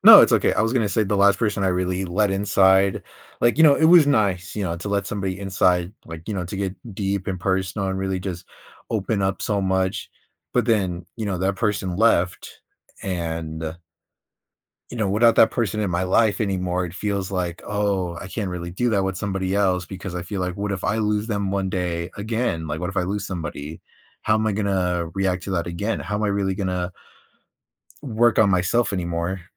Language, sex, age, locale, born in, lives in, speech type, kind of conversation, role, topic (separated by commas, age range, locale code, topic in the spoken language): English, male, 20-24, en-US, United States, United States, conversation, advice, user, How can i find support and open up when i'm feeling lonely?